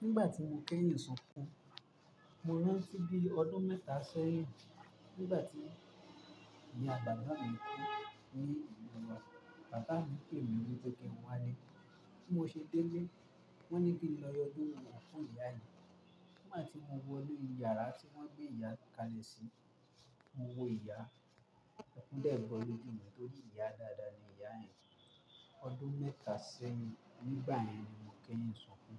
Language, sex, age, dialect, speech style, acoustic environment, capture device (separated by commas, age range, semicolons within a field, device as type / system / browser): Yoruba, male, 18-24, Nigeria - Ibadan, relaxed, traffic, mobile / Linux / Chrome